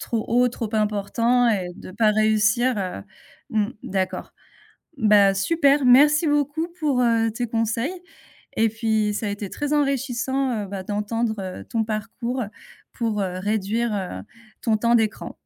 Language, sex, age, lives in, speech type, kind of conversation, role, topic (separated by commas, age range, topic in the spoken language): French, female, 35-39, France, conversation, podcast, host, Comment garder un bon équilibre entre les écrans et la vie réelle ?